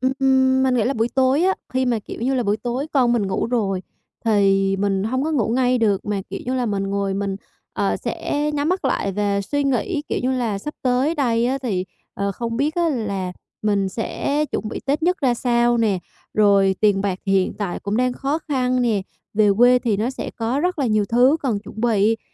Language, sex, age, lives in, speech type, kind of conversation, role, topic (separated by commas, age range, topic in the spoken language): Vietnamese, female, 25-29, Vietnam, conversation, advice, user, Làm sao để học cách chấp nhận cảm xúc lo âu hằng ngày?